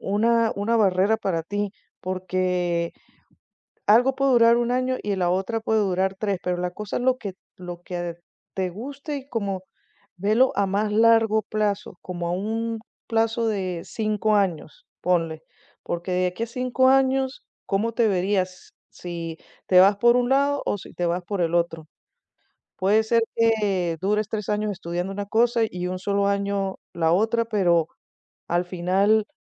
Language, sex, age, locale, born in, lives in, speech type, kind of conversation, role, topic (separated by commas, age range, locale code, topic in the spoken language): Spanish, female, 50-54, es-VE, Venezuela, United States, conversation, advice, advisor, ¿Cómo puedo decidir si acepto una nueva oferta de trabajo o me quedo en mi puesto actual?